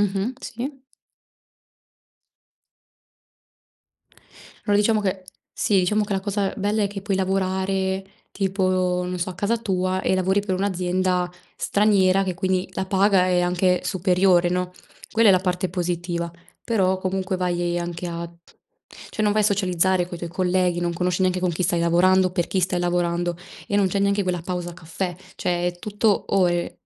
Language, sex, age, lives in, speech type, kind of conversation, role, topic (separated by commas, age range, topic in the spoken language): Italian, female, 20-24, Italy, conversation, unstructured, none, In che modo la tecnologia ti aiuta a restare in contatto con gli altri?